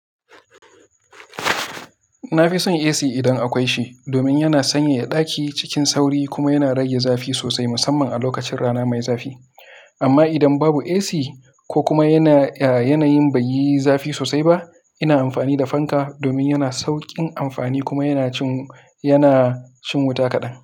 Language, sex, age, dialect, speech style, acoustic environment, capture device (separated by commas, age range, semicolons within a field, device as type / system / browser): Hausa, male, 18-24, Nigeria - Kano, happy, silence, mobile / Linux / Chrome